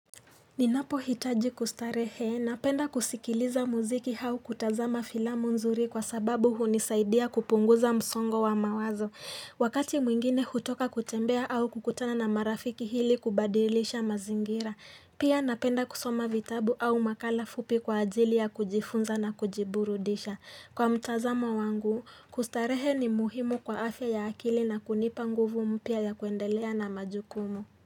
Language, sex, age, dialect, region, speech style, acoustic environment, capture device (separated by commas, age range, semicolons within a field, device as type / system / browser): Swahili, female, 18-24, Kenya - Nairobi Sheng-influenced, Kenya, tired, nature, mobile / Linux / Chrome